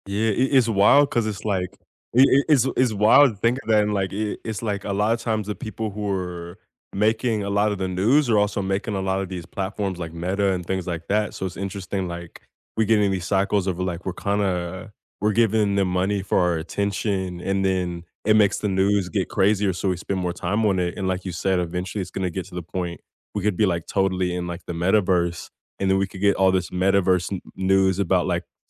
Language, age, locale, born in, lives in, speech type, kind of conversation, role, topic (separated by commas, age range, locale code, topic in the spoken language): English, 25-29, en-US, United States, United States, conversation, unstructured, none, How can people tell whether news is real or fake?